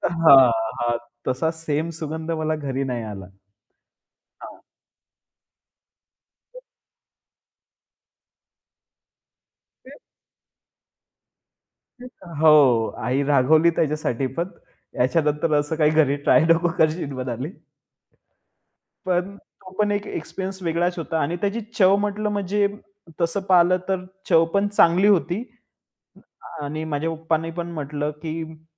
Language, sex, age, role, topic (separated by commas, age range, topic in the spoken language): Marathi, male, 25-29, guest, एखाद्या प्रवासात खाल्लेल्या एखाद्या पदार्थाची आठवण होते का?